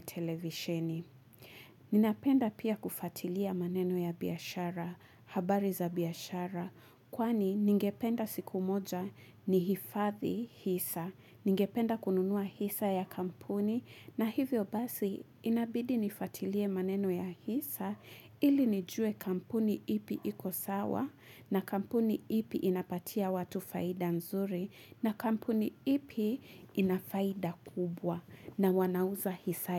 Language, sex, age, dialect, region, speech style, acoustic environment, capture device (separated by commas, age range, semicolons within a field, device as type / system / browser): Swahili, female, 25-34, Kenya - Mombasa, Kenya, anxious; happy, nature, mobile / Linux / Chrome